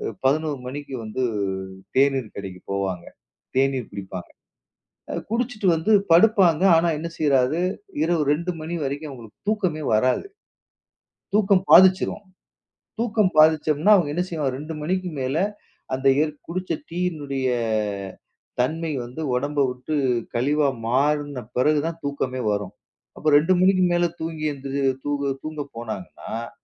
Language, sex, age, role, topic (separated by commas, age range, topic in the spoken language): Tamil, male, 40-44, guest, காபி, தேநீர் போன்ற பானங்களை குடிக்கும் பழக்கங்கள் உங்கள் தூக்கத்தை எப்படிப் பாதிக்கின்றன?